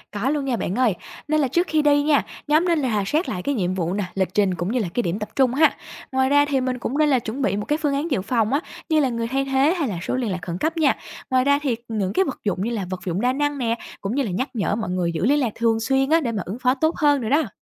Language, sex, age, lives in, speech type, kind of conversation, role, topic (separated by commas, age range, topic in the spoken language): Vietnamese, female, 25-29, Vietnam, read, expert-assistant, expert, Bạn thường lên kế hoạch và phối hợp với nhóm như thế nào khi tổ chức một chuyến cắm trại?